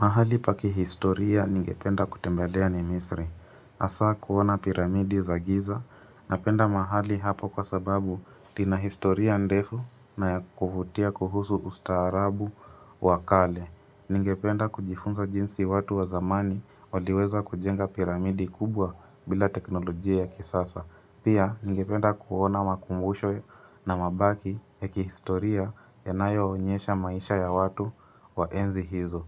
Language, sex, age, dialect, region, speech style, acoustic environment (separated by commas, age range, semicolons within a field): Swahili, female, 25-34, Kenya - Mombasa, Kenya, anxious, silence